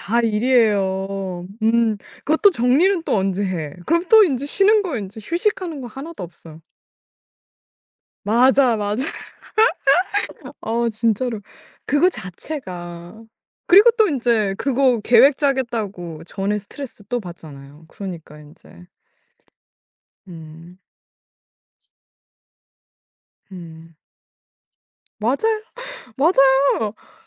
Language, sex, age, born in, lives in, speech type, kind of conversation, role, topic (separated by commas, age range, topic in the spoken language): Korean, female, 18-19, South Korea, United States, conversation, podcast, guest, 휴식할 때 죄책감이 들면 어떻게 극복하시나요?